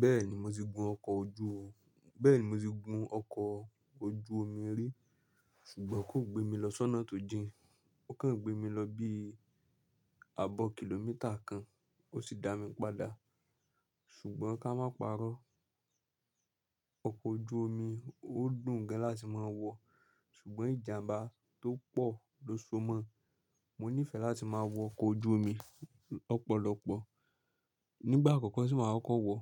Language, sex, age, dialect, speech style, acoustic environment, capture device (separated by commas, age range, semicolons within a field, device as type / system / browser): Yoruba, male, 25-34, Nigeria - Nigerian Standard, relaxed; focused, nature, mobile / Linux / Chrome